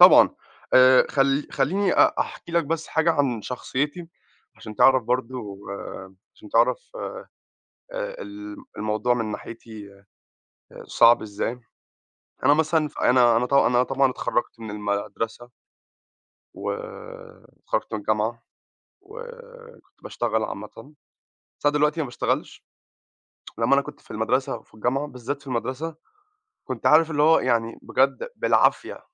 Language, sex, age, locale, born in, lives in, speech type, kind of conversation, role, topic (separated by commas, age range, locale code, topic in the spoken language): Arabic, male, 25-29, ar-EG, Egypt, United States, conversation, advice, user, إزاي أقدر أحدد أولويات مهامي اليومية؟